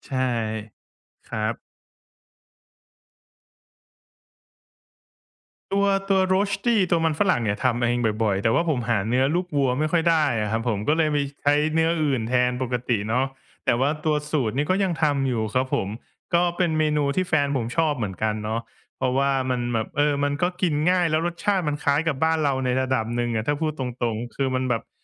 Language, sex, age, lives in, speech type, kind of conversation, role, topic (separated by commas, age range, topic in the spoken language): Thai, male, 25-29, Thailand, conversation, podcast, guest, เคยมีชาวต่างชาติมาสอนคุณว่าทำอาหารท้องถิ่นอย่างไรบ้างไหม?